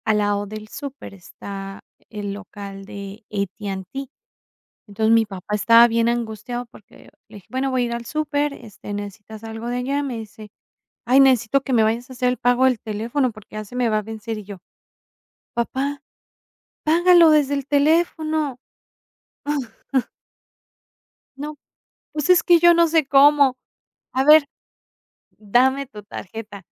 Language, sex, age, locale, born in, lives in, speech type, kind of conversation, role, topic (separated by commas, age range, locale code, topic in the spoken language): Spanish, female, 35-39, es-MX, Mexico, Mexico, conversation, podcast, guest, ¿Cómo usas el celular en tu día a día?